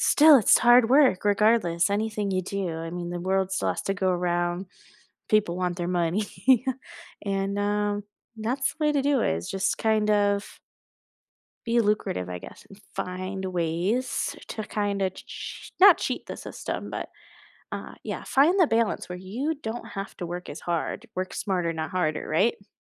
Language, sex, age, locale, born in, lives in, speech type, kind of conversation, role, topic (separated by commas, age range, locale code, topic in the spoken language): English, female, 20-24, en-US, United States, United States, conversation, unstructured, none, What personal habit are you building right now, why does it matter, and how can we support each other?